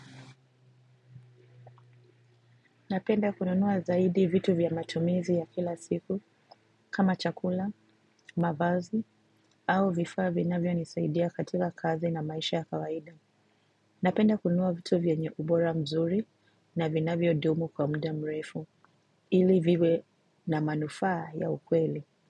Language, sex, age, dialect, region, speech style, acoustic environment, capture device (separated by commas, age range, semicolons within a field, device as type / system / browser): Swahili, female, 25-34, Kenya - Mombasa, Kenya, relaxed, silence; nature, mobile / macOS / Safari